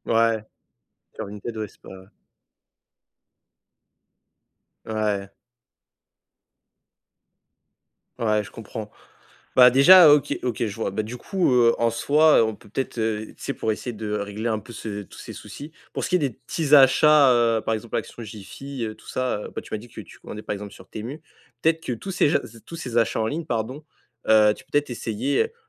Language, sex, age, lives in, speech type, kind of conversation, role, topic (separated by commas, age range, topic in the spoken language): French, male, 20-24, France, conversation, advice, advisor, Comment puis-je réduire facilement mes achats impulsifs et inutiles ?